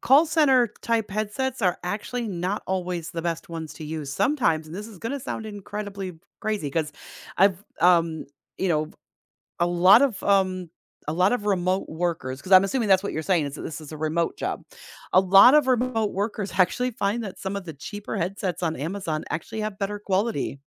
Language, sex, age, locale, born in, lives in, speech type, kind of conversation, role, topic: English, female, 50-54, en-US, United States, United States, conversation, advice, advisor, How can I calm down and regain perspective after a day of setbacks?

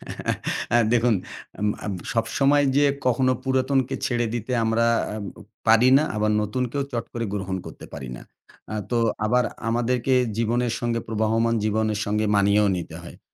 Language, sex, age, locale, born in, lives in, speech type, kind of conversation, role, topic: Bengali, male, 40-44, bn-BD, Bangladesh, Bangladesh, conversation, podcast, guest, সাংস্কৃতিক পোশাককে আপনি কীভাবে আধুনিক করে তোলেন?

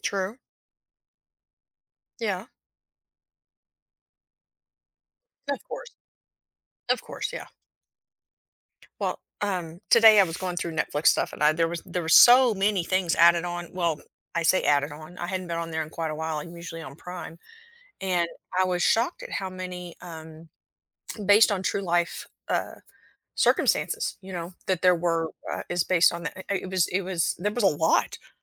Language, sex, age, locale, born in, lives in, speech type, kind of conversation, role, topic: English, female, 50-54, en-US, United States, United States, conversation, podcast, host, How does greater diversity in entertainment shape the stories we see and the way we connect with them?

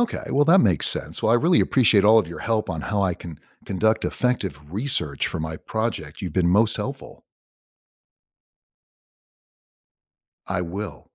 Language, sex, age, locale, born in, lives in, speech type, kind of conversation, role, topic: English, male, 60-64, en-US, United States, United States, read, expert-assistant, asker, How can research be conducted effectively for a project?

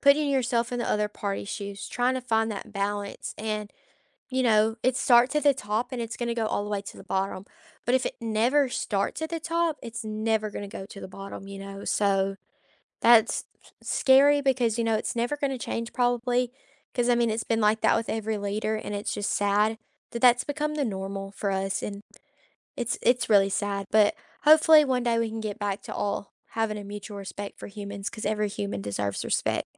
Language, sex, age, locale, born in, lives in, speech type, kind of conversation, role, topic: English, female, 20-24, en-US, United States, United States, conversation, unstructured, none, What is one way politics can bring people closer?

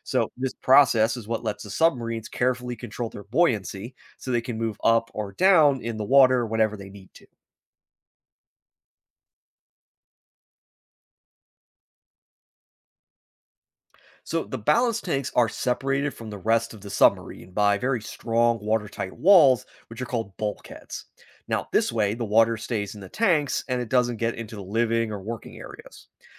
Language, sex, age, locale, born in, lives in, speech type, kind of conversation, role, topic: English, male, 30-34, en-US, United States, United States, read, expert-assistant, expert, How do submarines dive and surface?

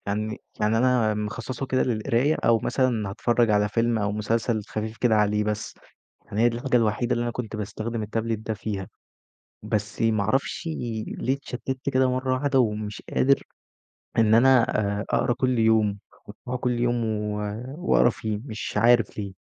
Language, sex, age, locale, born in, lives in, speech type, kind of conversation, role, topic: Arabic, male, 20-24, ar-EG, Egypt, Egypt, conversation, advice, user, ازاي أقدر أكوّن عادة قراءة يومية من غير ما أحس إنها صعبة؟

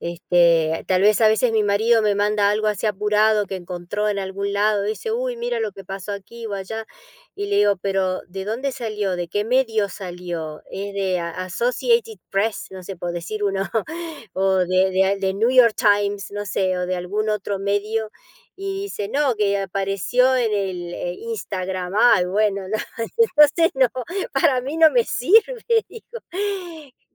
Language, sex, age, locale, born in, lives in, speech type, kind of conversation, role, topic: Spanish, female, 55-59, es-AR, Argentina, United States, conversation, unstructured, none, ¿Cómo crees que las noticias falsas afectan a la sociedad?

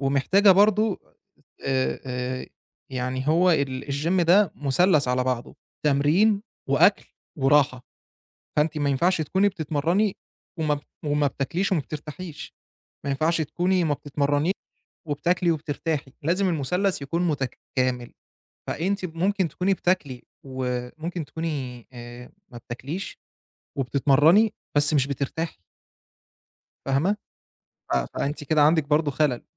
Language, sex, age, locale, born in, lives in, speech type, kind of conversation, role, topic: Arabic, male, 25-29, ar-EG, Egypt, Egypt, conversation, advice, advisor, ليه مش شايف أي تحسّن مع إني مستمر على التمارين؟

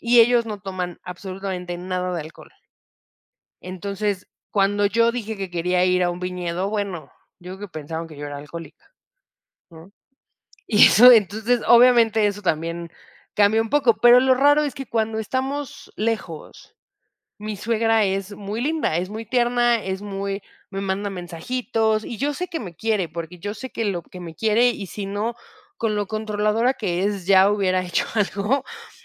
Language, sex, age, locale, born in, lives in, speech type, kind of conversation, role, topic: Spanish, female, 30-34, es-MX, Mexico, Mexico, conversation, podcast, guest, ¿Qué dilemas enfrentas al intentar encajar sin perder tus raíces?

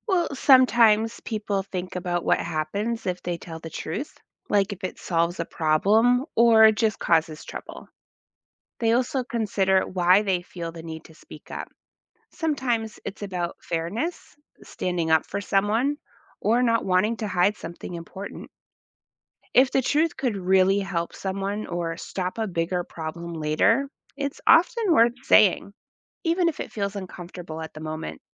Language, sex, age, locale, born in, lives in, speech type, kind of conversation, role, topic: English, female, 40-44, en-US, United States, United States, read, expert-assistant, expert, Why is it important to tell the truth?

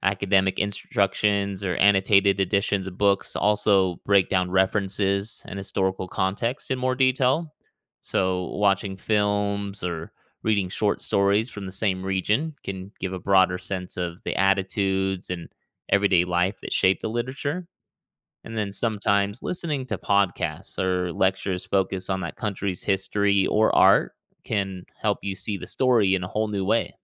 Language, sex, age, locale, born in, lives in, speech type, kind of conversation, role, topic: English, male, 35-39, en-US, United States, United States, read, expert-assistant, expert, How do life experiences affect story interpretation?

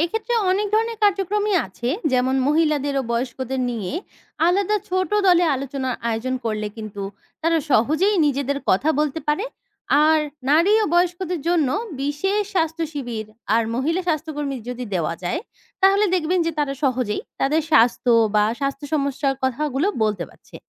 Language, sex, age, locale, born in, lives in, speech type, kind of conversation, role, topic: Bengali, female, 20-24, bn-BD, Bangladesh, Bangladesh, read, expert-assistant, expert, গ্রামীণ এলাকায় স্বাস্থ্যসেবা উন্নত করার জন্য কী কী পদক্ষেপ নেওয়া যেতে পারে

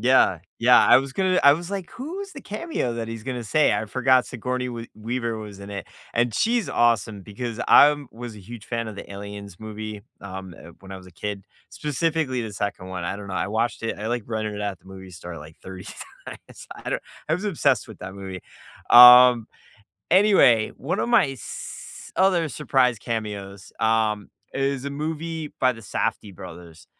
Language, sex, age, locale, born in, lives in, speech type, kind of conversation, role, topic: English, male, 40-44, en-US, United States, United States, conversation, unstructured, none, Which surprise cameos in movies or TV made you gasp, and why did they land for you?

